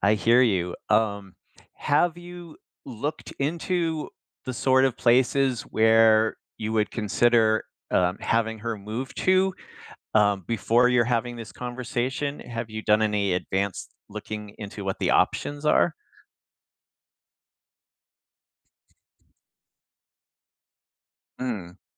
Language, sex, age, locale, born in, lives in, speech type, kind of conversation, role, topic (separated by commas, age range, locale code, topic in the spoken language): English, male, 55-59, en-US, United States, United States, conversation, advice, advisor, How can I start a sensitive conversation with a friend or family member without making them defensive?